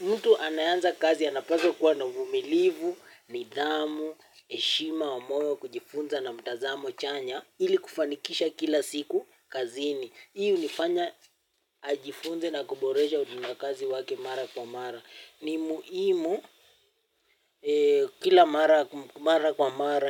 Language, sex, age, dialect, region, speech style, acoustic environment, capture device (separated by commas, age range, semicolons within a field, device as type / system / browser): Swahili, male, 18-24, Kenya - Nairobi Sheng-influenced, Kenya, tired, people talking, mobile / Linux / Chrome